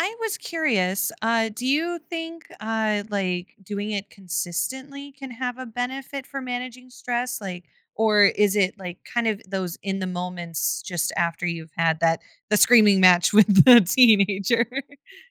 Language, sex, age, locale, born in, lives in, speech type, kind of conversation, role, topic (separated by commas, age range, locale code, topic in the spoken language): English, female, 25-29, en-US, United States, United States, conversation, podcast, host, Why is regular physical activity considered an effective way to cope with stress?